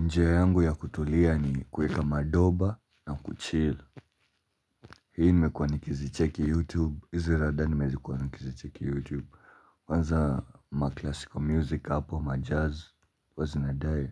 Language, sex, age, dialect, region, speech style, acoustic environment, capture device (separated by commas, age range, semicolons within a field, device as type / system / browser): Swahili, male, 18-24, Kenya - Nairobi Sheng-influenced, Kenya, relaxed, music; appliances; people talking, mobile / Linux / Chrome